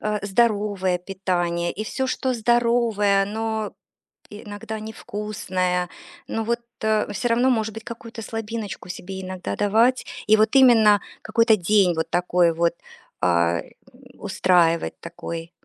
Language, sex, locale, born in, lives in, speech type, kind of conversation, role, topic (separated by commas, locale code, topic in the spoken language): Russian, female, ru-RU, Russia, United States, conversation, advice, advisor, Как мне вернуться к полезной привычке после срыва?